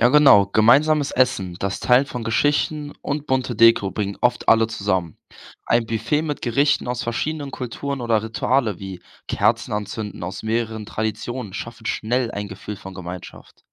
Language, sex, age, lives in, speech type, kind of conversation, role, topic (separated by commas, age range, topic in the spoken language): German, male, 18-19, Germany, read, expert-assistant, expert, Wie könnten Feiertage aus verschiedenen Religionen neue Ideen für gemeinsames Feiern in unserer Gesellschaft bringen?